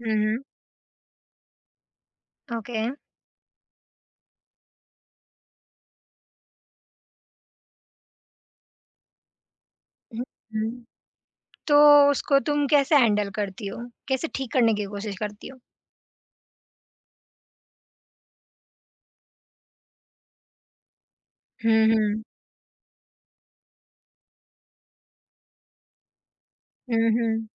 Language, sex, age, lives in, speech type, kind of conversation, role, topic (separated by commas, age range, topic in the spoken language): Hindi, female, 20-24, India, conversation, podcast, host, Jab tumhe kisi ko mana karna ho, tum kaise bolte ho?